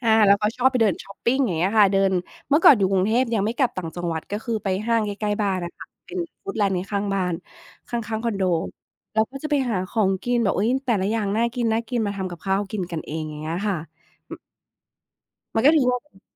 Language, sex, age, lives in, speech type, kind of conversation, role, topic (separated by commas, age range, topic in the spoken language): Thai, female, 35-39, Thailand, conversation, unstructured, none, คุณคิดว่าความสุขในชีวิตมีความหมายว่าอะไร?